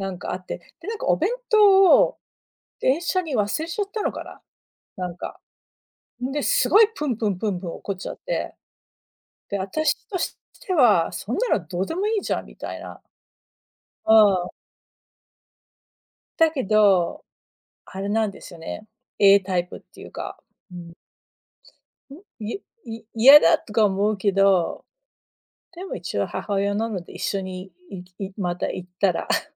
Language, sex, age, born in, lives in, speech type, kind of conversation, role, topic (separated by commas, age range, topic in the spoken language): Japanese, female, 40-44, United States, United States, conversation, unstructured, none, 自分にとって理想的な休日の過ごし方は何ですか？